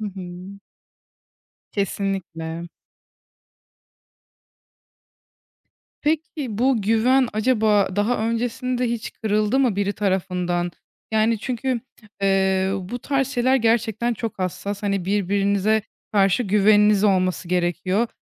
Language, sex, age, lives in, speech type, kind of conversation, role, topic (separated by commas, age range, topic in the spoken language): Turkish, female, 20-24, Germany, conversation, podcast, host, Partnerin telefonuna bakmanın sınırı sence nerede başlar?